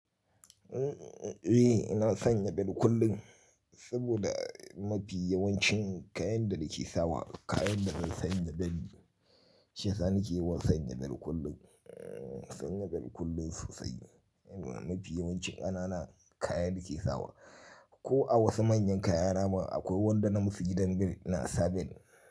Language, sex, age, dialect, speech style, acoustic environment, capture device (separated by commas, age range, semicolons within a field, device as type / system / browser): Hausa, male, 35-44, Nigeria - Kano, happy; tired, people talking; nature, mobile / Linux / Chrome